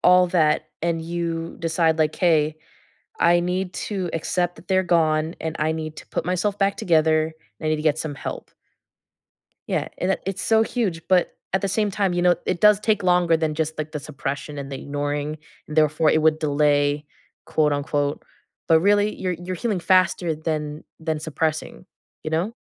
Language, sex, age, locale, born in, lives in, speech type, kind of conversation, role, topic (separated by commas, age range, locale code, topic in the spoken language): English, female, 20-24, en-US, United States, United States, conversation, podcast, guest, How do people find healthy ways to cope with grief and loss?